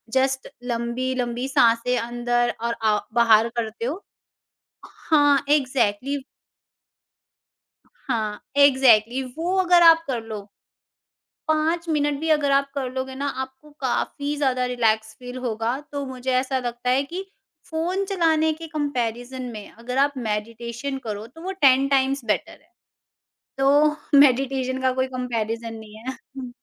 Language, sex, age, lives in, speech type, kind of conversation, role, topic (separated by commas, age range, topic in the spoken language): Hindi, female, 50-54, India, conversation, podcast, guest, Din ke beech chhote outdoor breaks kaise nikaalte ho?